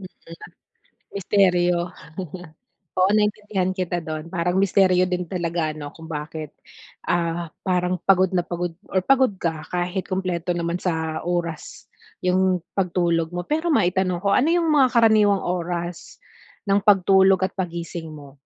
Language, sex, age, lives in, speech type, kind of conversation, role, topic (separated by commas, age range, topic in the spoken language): Filipino, female, 40-44, Philippines, conversation, advice, advisor, Bakit pagod ako buong araw kahit sa tingin ko ay sapat naman ang tulog ko?